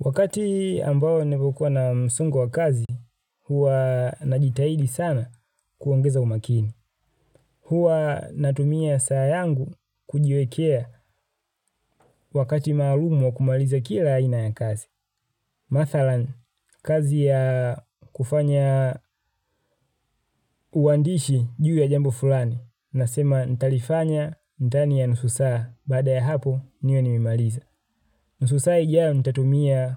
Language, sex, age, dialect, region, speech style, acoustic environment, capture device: Swahili, male, 25-34, Tanzania - Dar es Salaam, Tanzania, happy; relaxed, nature; people talking; construction; appliances; silence; traffic, mobile / Linux / Chrome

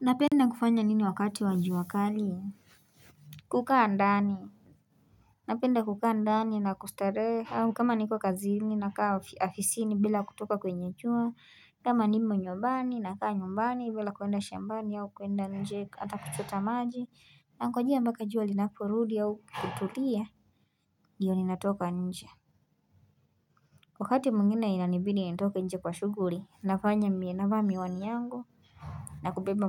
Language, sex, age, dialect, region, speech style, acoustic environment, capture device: Swahili, female, 18-24, Kenya - Nairobi Sheng-influenced, Kenya, relaxed, music, mobile / Linux / Chrome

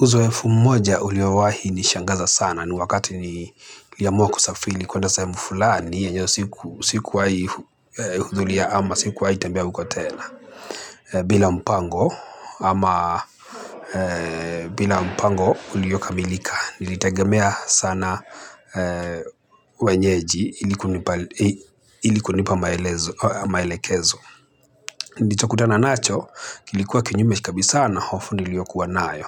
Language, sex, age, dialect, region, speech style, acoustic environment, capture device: Swahili, male, 25-34, Kenya - Mombasa, Kenya, happy; nervous; excited, people talking; traffic; construction, mobile / Linux / Chrome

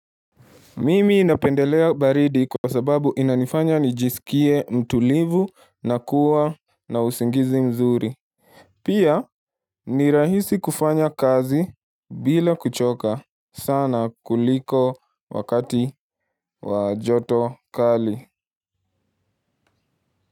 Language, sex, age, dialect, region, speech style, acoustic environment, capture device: Swahili, male, 18-24, Kenya - Nairobi Sheng-influenced, Kenya, relaxed; focused, silence, mobile / Linux / Chrome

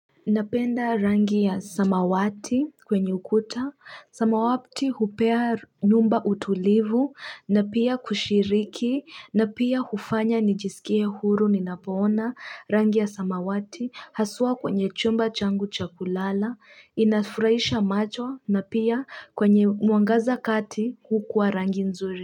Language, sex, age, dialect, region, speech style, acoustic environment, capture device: Swahili, female, 25-34, Kenya - Nairobi Sheng-influenced, Kenya, stressed, people talking; traffic; construction, mobile / Linux / Chrome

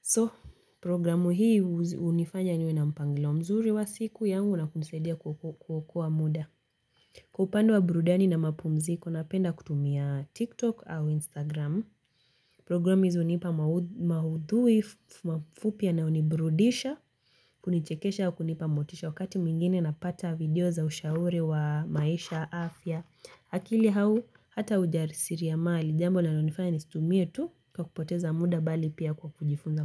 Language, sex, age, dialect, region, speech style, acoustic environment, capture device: Swahili, female, 18-24, Kenya - Mombasa, Kenya, relaxed, silence, mobile / Linux / Chrome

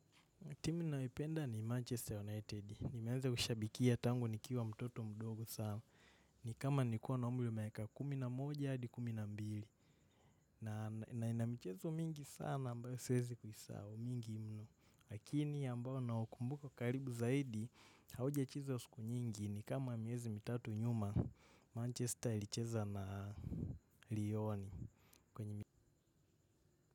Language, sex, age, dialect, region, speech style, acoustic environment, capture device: Swahili, male, 18-24, Kenya - Nairobi Sheng-influenced, Kenya, happy, nature, mobile / macOS / Safari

